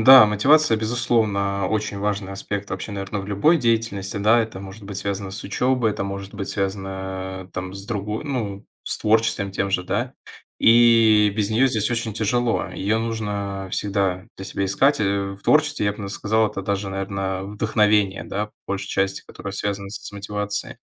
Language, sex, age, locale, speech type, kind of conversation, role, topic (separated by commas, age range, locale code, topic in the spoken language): Russian, male, 20-24, ru-RU, conversation, podcast, guest, Как вы справляетесь с творческим ступором, когда он приходит?